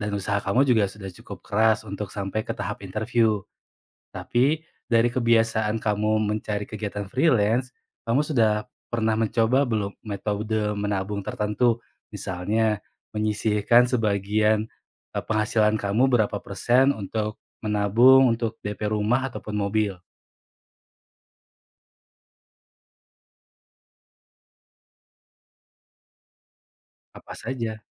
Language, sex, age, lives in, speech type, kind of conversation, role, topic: Indonesian, male, 25-29, Indonesia, conversation, advice, advisor, Mengapa saya sulit menabung untuk tujuan besar seperti uang muka rumah atau mobil?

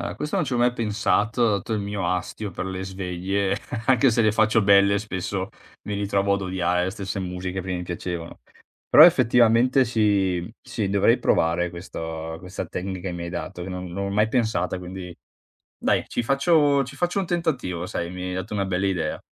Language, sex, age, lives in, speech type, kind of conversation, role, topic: Italian, male, 30-34, Italy, conversation, advice, user, In che modo una routine troppo rigida sta spegnendo la tua ispirazione e la tua spontaneità?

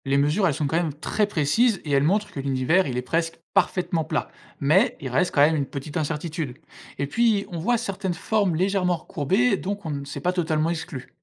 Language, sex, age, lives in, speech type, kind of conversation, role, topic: French, male, 25-29, France, read, expert-assistant, expert, Beaucoup de gens pensent que l’univers est infini. Est-ce un mythe ou une réalité d’après ce que l’on sait aujourd’hui ?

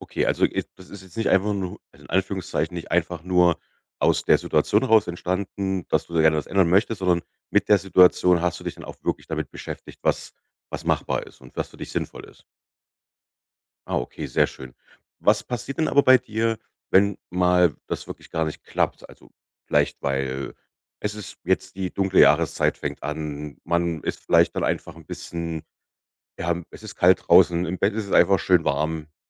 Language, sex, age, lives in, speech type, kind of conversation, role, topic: German, male, 35-39, Germany, conversation, podcast, host, Was hilft dir morgens wirklich, in Gang zu kommen?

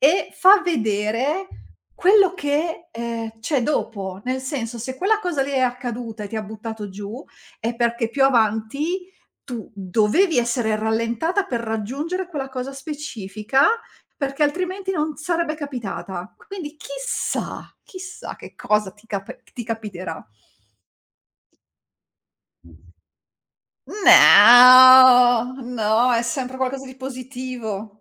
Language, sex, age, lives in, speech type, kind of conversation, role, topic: Italian, female, 40-44, Italy, conversation, podcast, host, Come ti riprendi emotivamente quando qualcosa non va come speravi?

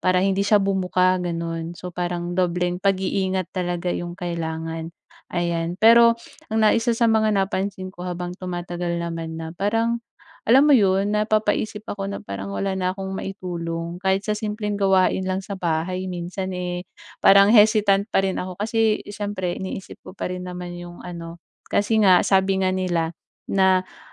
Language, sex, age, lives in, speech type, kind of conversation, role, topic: Filipino, female, 25-29, Philippines, conversation, advice, user, Ano ang mga hamon mo sa pagbabalik sa nakasanayang gawain matapos magbakasyon o magkasakit?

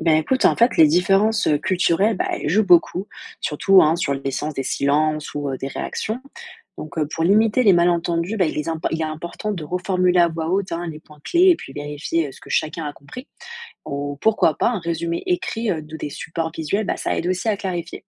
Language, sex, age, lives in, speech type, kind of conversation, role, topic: French, female, 20-24, France, read, expert-assistant, expert, Comment peut-on éviter les malentendus quand on négocie avec des clients ou fournisseurs d’autres pays ?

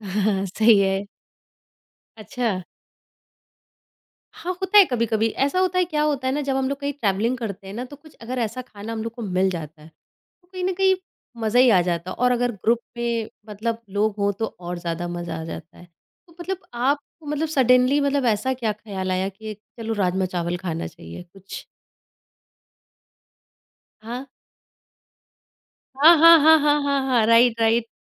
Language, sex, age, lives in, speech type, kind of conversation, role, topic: Hindi, female, 30-34, India, conversation, podcast, host, Aisa kaun-sa khaana hai jo tum kabhi bhool nahi sakte?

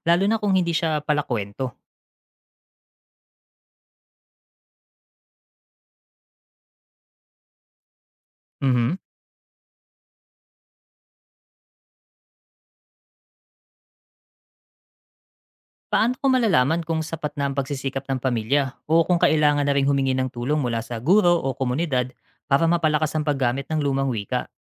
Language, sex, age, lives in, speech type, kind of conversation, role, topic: Filipino, male, 35-39, Philippines, read, expert-assistant, asker, Paano mo malalaman kung totoo at makabuluhan ang impormasyon tungkol sa pag-preserba ng mga lumang wika?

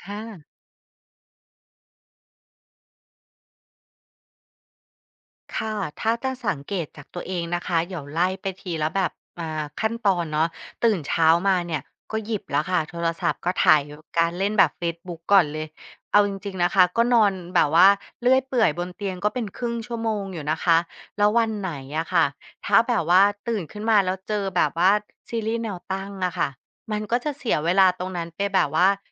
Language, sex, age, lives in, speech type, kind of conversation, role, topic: Thai, female, 35-39, Thailand, conversation, advice, user, คุณใช้โซเชียลมีเดียจนทำให้งานช้ากว่ากำหนดบ่อยแค่ไหน?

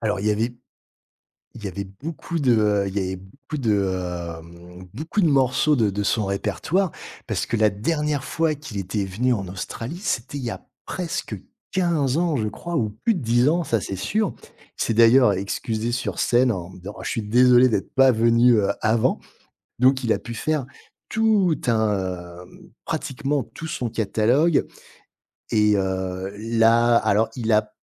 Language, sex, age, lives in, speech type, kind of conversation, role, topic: French, male, 45-49, France, conversation, podcast, guest, Quel souvenir musical a changé ta façon d’écouter la musique ?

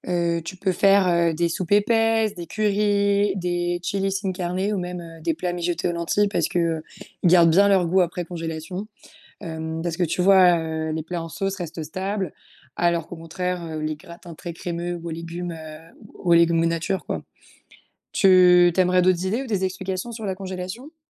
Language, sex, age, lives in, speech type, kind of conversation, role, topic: French, female, 20-24, France, read, expert-assistant, expert, Pourquoi certains aliments deviennent-ils parfois dangereux alors qu’on pensait qu’ils étaient sûrs ?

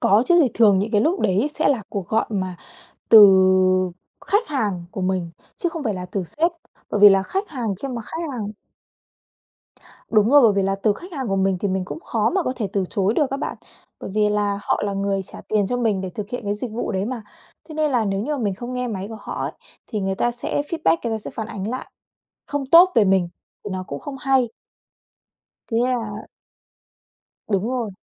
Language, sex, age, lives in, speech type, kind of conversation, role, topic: Vietnamese, female, 25-29, Vietnam, conversation, podcast, guest, Bạn xử lý điện thoại công việc ngoài giờ như thế nào?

